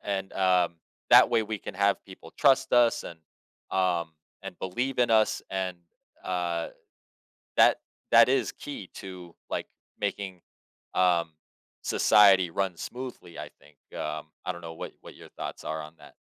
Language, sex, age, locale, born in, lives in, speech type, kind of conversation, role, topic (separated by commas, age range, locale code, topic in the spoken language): English, male, 30-34, en-US, United States, United States, conversation, podcast, host, How does being honest shape your relationships and daily choices?